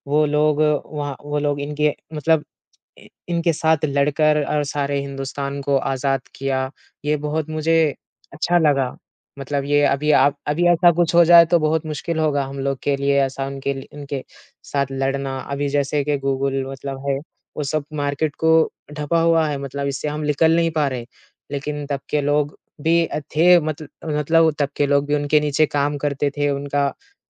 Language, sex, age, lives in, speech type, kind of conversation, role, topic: Hindi, male, 20-24, India, conversation, unstructured, none, आज के समय में इतिहास को जानना क्यों ज़रूरी है?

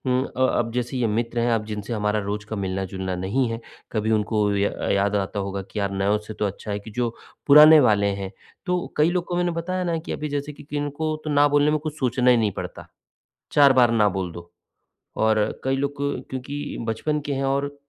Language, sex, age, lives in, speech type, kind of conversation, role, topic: Hindi, male, 45-49, India, conversation, advice, user, मैं बिना अपराधबोध के ‘ना’ कैसे कह सकता/सकती हूँ?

